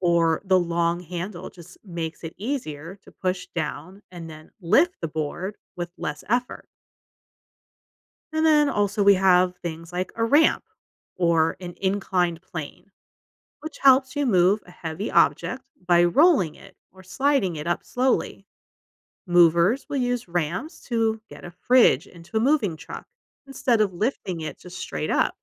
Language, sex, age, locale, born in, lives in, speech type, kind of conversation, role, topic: English, female, 40-44, en-US, United States, United States, read, expert-assistant, expert, How do simple machines make work easier?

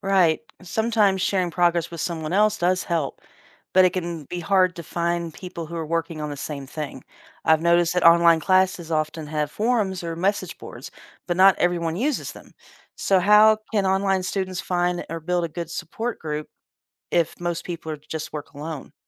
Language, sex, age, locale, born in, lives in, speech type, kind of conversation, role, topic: English, female, 50-54, en-US, United States, United States, read, expert-assistant, asker, What are the benefits and drawbacks of online learning?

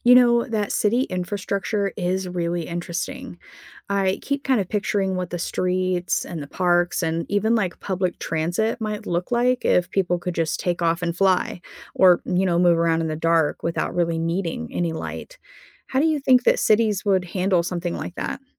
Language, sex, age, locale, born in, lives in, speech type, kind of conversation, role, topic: English, female, 35-39, en-US, United States, United States, read, expert-assistant, asker, How would society change if humans evolved new traits?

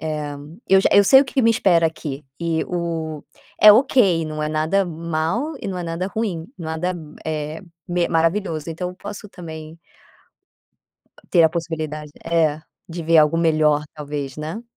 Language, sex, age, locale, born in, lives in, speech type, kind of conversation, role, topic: Portuguese, female, 30-34, pt-BR, Brazil, Spain, conversation, advice, user, Como posso conciliar as expectativas sociais com a minha identidade pessoal?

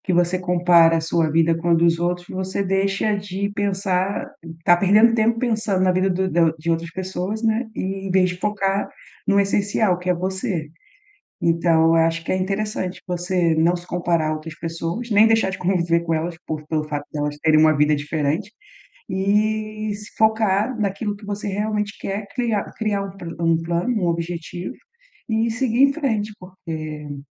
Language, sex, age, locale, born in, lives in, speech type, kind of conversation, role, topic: Portuguese, female, 40-44, pt-BR, Brazil, Portugal, conversation, advice, advisor, Como posso transformar minha preocupação em ações concretas sem ficar mais ansioso?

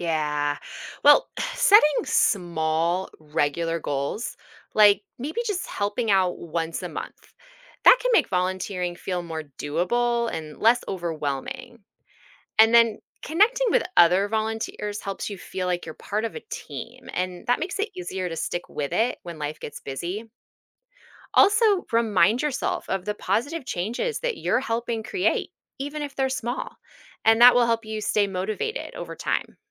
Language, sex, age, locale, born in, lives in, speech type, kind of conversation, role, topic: English, female, 40-44, en-US, United States, United States, read, expert-assistant, expert, Why is volunteering important for local communities?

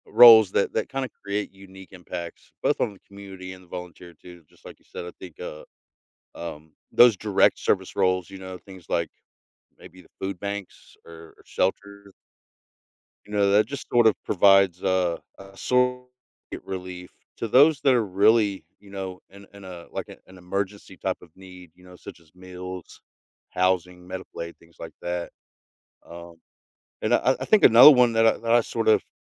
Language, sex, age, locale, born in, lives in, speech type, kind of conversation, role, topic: English, male, 40-44, en-US, United States, United States, conversation, podcast, host, What inspires people to give their time and energy to help others?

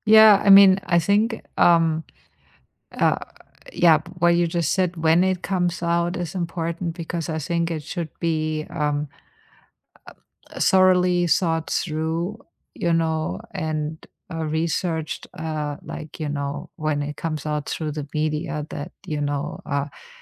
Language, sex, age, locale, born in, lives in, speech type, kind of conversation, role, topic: English, female, 50-54, en-DE, Germany, United States, conversation, unstructured, none, Why is transparency important in government?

